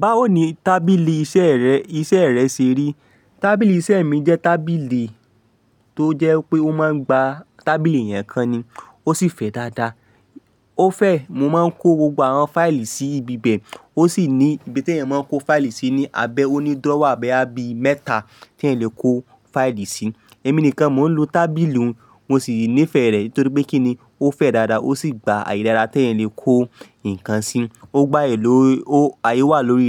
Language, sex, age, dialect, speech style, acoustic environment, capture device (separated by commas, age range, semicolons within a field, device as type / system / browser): Yoruba, male, 25-34, Nigeria - Ibadan, excited, nature, mobile / Linux / Chrome